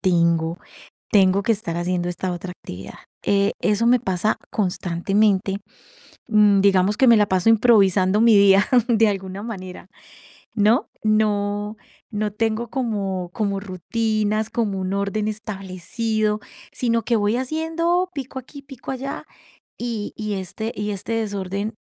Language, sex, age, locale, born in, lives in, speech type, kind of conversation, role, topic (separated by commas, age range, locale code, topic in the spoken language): Spanish, female, 45-49, es-CO, Colombia, Italy, conversation, advice, user, ¿Cómo puedo organizar mi día según mi nivel de energía y no solo según el tiempo?